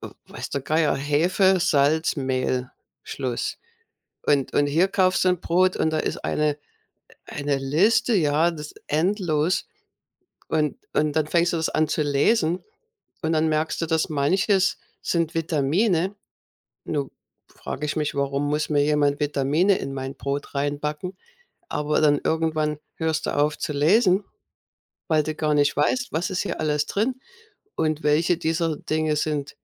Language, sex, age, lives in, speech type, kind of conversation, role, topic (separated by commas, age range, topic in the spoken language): German, female, 55-59, United States, conversation, unstructured, none, Was hältst du von der Sicherheit industriell hergestellter Lebensmittel?